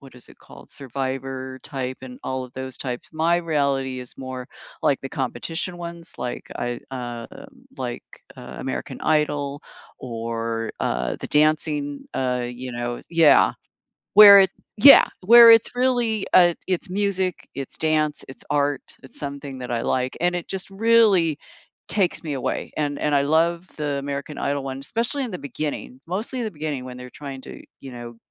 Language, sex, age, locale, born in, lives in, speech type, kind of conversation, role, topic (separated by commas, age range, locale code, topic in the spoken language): English, female, 65-69, en-US, United States, United States, conversation, unstructured, none, When life feels heavy, what comfort shows or films do you return to, and why?